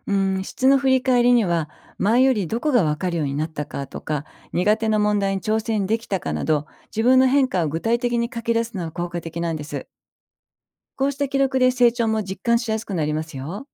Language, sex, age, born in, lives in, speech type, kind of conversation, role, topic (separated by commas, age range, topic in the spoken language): Japanese, female, 55-59, Japan, Japan, read, expert-assistant, expert, モチベーションを維持しながら自己改善を続けるには、どんな実践方法が有効でしょうか？